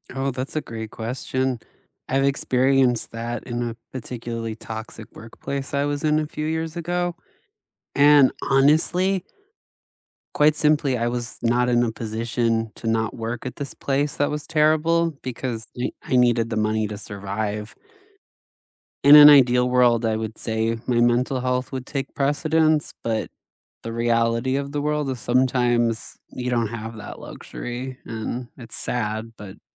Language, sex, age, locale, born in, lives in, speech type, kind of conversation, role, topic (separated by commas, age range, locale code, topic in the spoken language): English, male, 30-34, en-US, United States, United States, conversation, unstructured, none, How do you balance work and personal life to feel at peace?